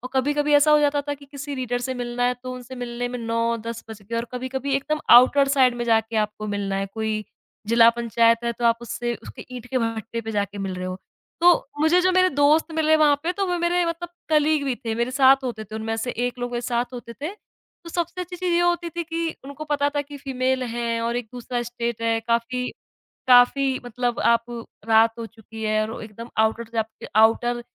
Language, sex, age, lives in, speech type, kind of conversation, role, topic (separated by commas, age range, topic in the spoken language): Hindi, female, 25-29, India, conversation, podcast, guest, Kaise pata chalta hai ki kaun aapke apne log hain?